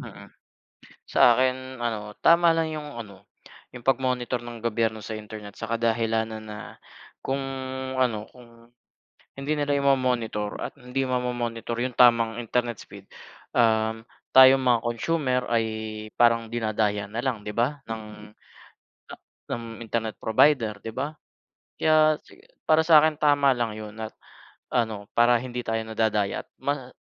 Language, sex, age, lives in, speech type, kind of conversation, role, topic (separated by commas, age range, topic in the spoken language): Filipino, male, 30-34, Philippines, conversation, unstructured, none, Sa palagay mo ba, tama lang bang subaybayan ng gobyerno ang internet?